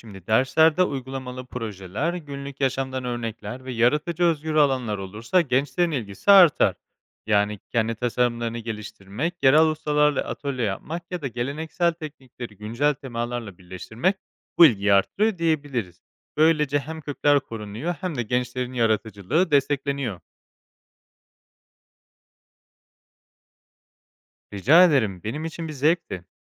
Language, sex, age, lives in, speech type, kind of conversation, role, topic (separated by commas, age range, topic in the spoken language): Turkish, male, 25-29, Spain, read, expert-assistant, expert, Neden bazı halk sanatları zamanla unutulurken bazıları kuşaktan kuşağa aktarılıyor?